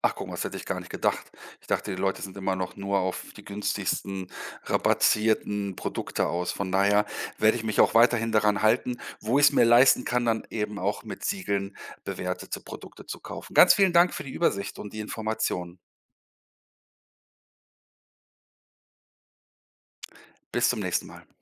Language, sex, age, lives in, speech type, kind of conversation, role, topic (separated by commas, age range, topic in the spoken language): German, male, 50-54, Germany, read, expert-assistant, asker, Kann nachhaltige Landwirtschaft wirklich helfen, den Klimawandel aufzuhalten, oder ist das nur ein guter Werbespruch?